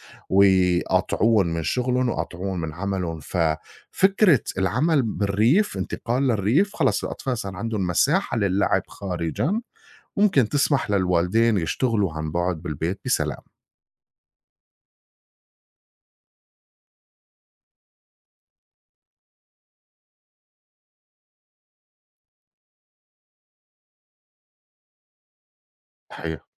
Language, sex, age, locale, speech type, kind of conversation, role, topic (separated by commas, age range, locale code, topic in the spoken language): Arabic, male, 40-44, ar-SY, conversation, unstructured, none, هل إنت شايف إن الشغل عن بُعد مفيد ولا مضر؟